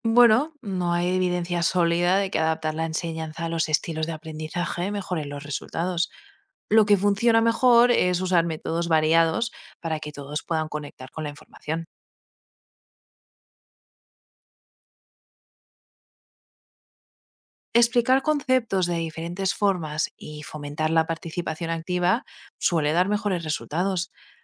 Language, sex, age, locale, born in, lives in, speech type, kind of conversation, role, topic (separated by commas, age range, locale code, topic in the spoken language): Spanish, female, 25-29, es-ES, Spain, United States, read, expert-assistant, expert, ¿Es cierto que las personas aprenden mejor según su “estilo de aprendizaje” (visual, auditivo, kinestésico), o es un mito?